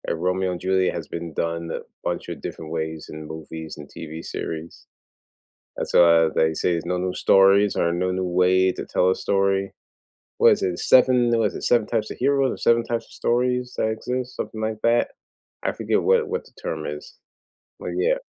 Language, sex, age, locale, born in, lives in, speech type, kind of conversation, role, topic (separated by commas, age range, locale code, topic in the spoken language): English, male, 40-44, en-US, United States, United States, conversation, unstructured, none, Which school-assigned book has stayed with you, and why does it still resonate?